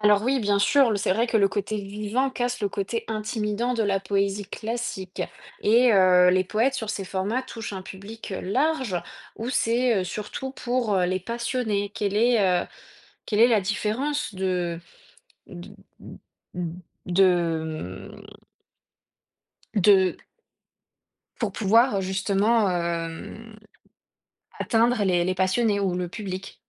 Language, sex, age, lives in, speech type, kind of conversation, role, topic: French, female, 30-34, France, read, expert-assistant, asker, Où pourrais-je commencer si j’ai envie de lire de la poésie qui sort des sentiers battus ?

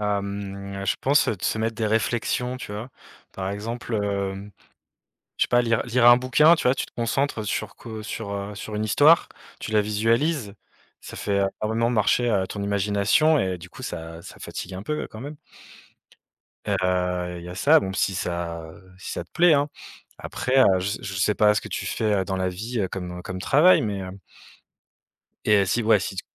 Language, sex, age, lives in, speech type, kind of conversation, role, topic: French, male, 35-39, France, conversation, advice, advisor, Comment puis-je installer une routine de sommeil cohérente chaque nuit ?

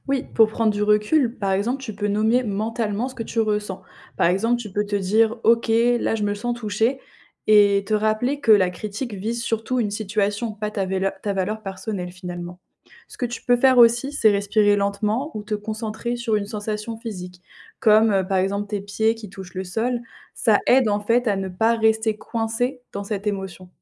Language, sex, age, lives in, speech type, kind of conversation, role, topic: French, female, 20-24, France, read, expert-assistant, expert, Comment l’intelligence émotionnelle peut-elle m’aider à mieux gérer mes relations au travail ou dans la vie quotidienne ?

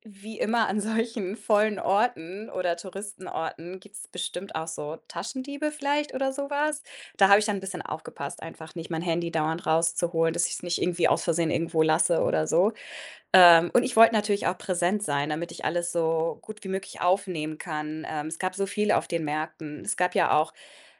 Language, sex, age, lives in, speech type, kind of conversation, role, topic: German, female, 30-34, Germany, conversation, podcast, guest, Welchen unvergesslichen lokalen Markt hast du besucht?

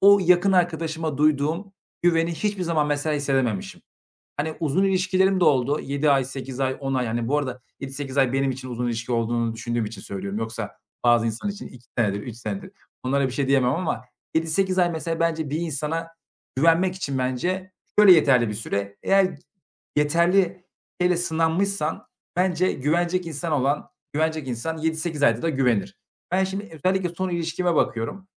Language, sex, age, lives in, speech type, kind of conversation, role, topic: Turkish, male, 25-29, Bulgaria, conversation, advice, user, Partnerime karşı güvenimi kaybettim ve sadakatsizlikten şüpheleniyorum; ne hissediyorum ve bu durumu nasıl anlatabilirim?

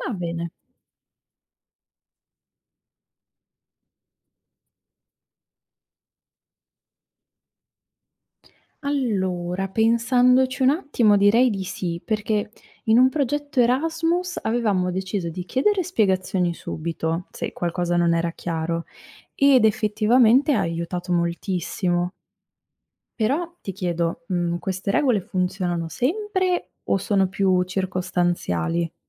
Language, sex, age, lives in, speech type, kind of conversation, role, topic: Italian, female, 25-29, Italy, read, expert-assistant, asker, Cosa accadrebbe se improvvisamente tutte le persone nel mondo dicessero sempre la verità, senza alcun filtro sociale?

